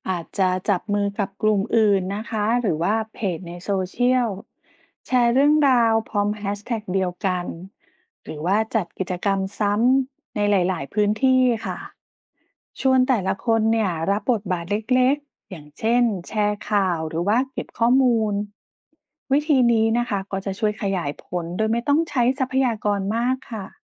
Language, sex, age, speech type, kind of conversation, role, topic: Thai, female, 40-44, read, expert-assistant, expert, ถ้าอยากจัดการกับความเหลื่อมล้ำในสังคมไทย มีวิธีไหนที่น่าลองใช้บ้าง?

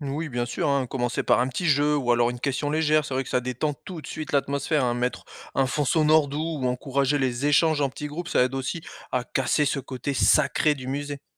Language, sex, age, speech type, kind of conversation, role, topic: French, male, 35-39, read, expert-assistant, expert, Comment pourrait-on encourager plus de personnes à partager ce qu’elles ressentent devant une œuvre, sans craindre de se tromper ?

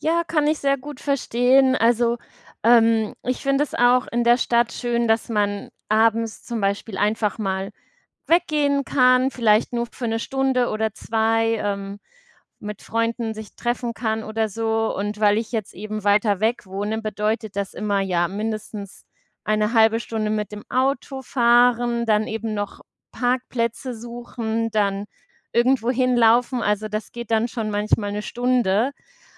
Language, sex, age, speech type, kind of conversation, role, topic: German, female, 35-39, conversation, unstructured, none, Was bevorzugst du: Stadtleben oder Landleben?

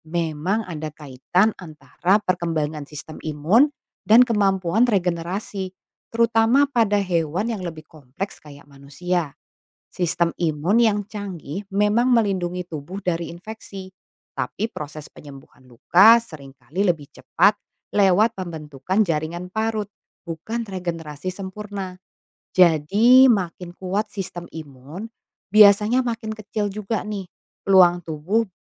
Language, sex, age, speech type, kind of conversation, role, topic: Indonesian, female, 35-39, read, expert-assistant, expert, Apakah manusia bisa mencapai regenerasi dan keabadian seperti ubur-ubur abadi?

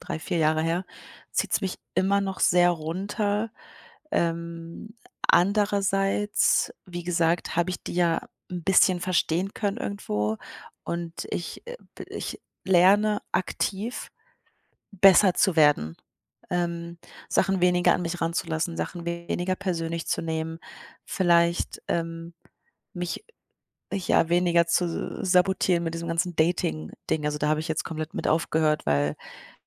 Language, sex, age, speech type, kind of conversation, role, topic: German, female, 25-29, conversation, advice, user, Wie gehe ich am besten mit Kritik an meiner Art um?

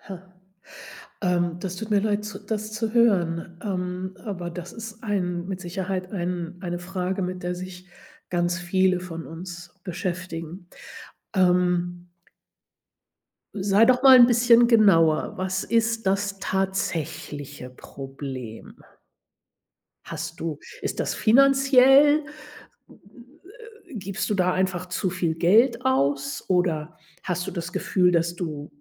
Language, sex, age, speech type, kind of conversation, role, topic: German, female, 60-64, conversation, advice, advisor, Wie erlebst du den Druck, einem bestimmten Schönheits- oder Kleidungsstandard zu entsprechen?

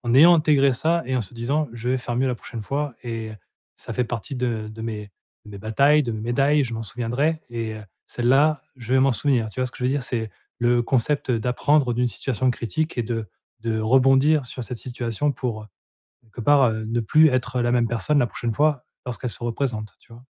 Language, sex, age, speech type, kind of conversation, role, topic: French, male, 40-44, conversation, advice, advisor, Comment établir la confiance et la crédibilité au travail ?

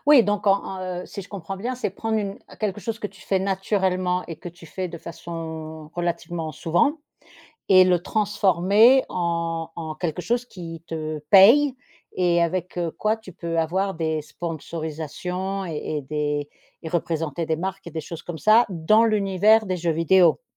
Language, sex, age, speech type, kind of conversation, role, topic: French, female, 50-54, conversation, podcast, host, Quel petit projet lancerais-tu pour te remettre en selle ?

